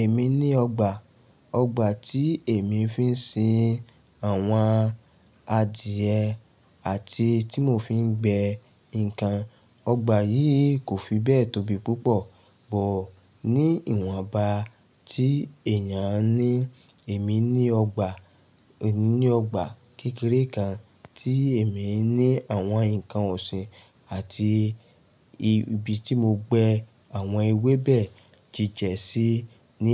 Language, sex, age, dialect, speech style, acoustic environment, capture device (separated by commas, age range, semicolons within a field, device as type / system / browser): Yoruba, male, 35-44, Nigeria - Lagos Yoruba, focused, nature; silence, mobile / Linux / Chrome